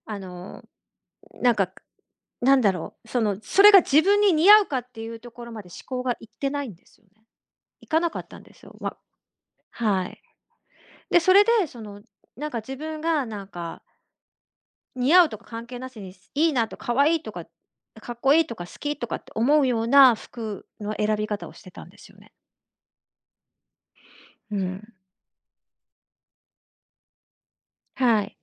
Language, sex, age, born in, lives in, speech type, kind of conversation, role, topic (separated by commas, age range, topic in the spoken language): Japanese, female, 50-54, Japan, Japan, conversation, podcast, guest, 「似合う」と「好き」のどちらを重視しますか？